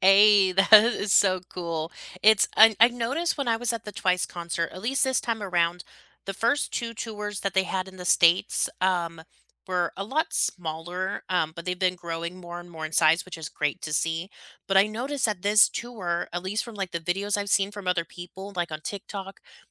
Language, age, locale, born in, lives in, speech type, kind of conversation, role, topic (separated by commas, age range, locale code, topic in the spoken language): English, 25-29, en-US, United States, United States, conversation, unstructured, none, Which concert moments still give you goosebumps, and what made them unforgettable for you?